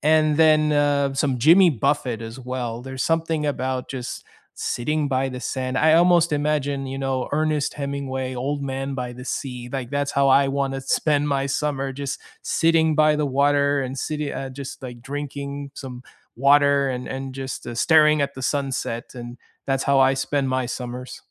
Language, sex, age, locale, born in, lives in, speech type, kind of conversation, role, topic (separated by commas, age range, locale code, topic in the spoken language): English, male, 30-34, en-US, United States, United States, conversation, unstructured, none, What songs or albums are the soundtrack to each season of your life, and what memories make them meaningful to you?